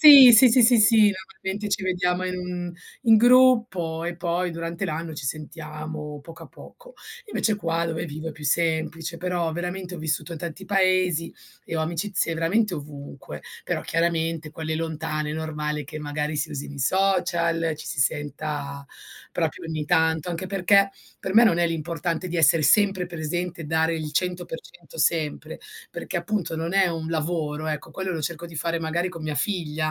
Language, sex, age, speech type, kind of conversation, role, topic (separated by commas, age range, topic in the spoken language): Italian, female, 40-44, conversation, podcast, guest, Come coltivi le tue amicizie nel tempo?